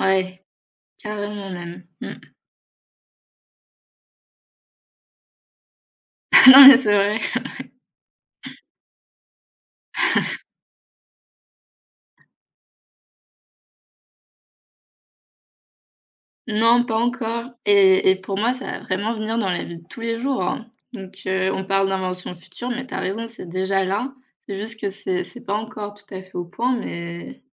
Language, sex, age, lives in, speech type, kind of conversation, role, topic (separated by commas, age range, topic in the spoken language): French, female, 25-29, France, conversation, unstructured, none, Quelle invention aimerais-tu voir apparaître dans le futur ?